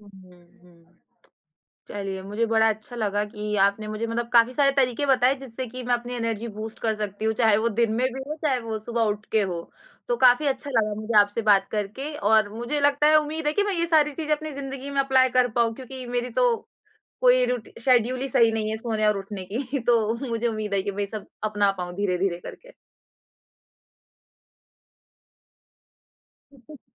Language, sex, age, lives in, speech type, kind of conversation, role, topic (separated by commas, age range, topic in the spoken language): Hindi, female, 20-24, India, conversation, podcast, host, Subah uthte hi aap apni energy kaise boost karte ho?